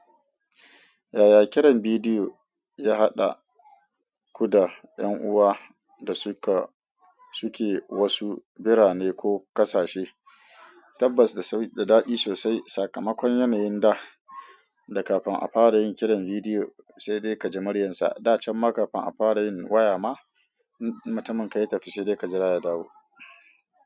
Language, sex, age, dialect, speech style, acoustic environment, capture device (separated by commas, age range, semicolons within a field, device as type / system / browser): Hausa, female, 25-34, Nigeria - Kano, excited; focused; happy, nature, mobile / Android / Chrome